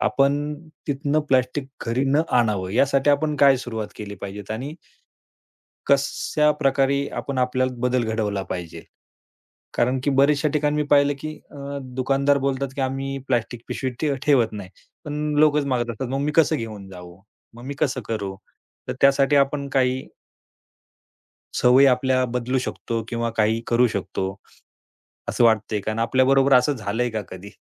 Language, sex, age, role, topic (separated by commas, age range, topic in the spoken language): Marathi, male, 35-39, host, दैनंदिन आयुष्यात प्लास्टिकचा वापर कमीत कमी करण्यासाठी कोणते सोपे आणि व्यवहार्य उपाय करता येतील?